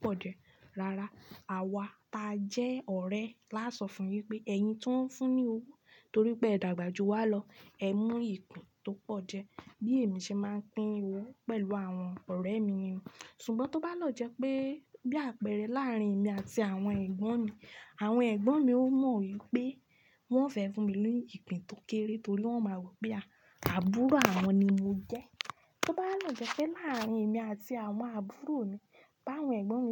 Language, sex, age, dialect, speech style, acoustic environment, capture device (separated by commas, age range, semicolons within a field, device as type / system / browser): Yoruba, female, 25-34, Nigeria - Ibadan, anxious, silence, desktop / Linux / Chrome